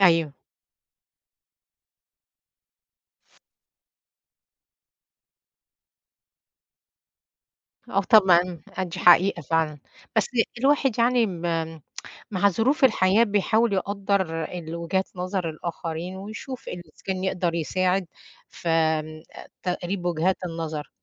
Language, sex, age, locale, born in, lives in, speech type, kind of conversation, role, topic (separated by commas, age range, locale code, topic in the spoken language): Arabic, female, 50-54, ar-EG, Egypt, United States, conversation, unstructured, none, إزاي تبني علاقة قوية مع أفراد عيلتك؟